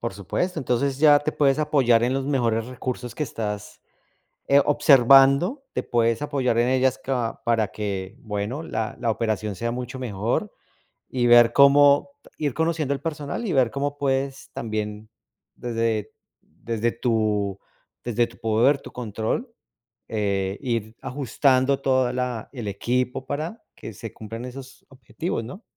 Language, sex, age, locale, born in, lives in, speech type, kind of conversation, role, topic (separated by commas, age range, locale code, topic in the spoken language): Spanish, male, 40-44, es-CO, Colombia, United States, conversation, advice, advisor, ¿Cómo te hace sentir la retroalimentación dura de tu jefe y qué te gustaría lograr al hablar de esto?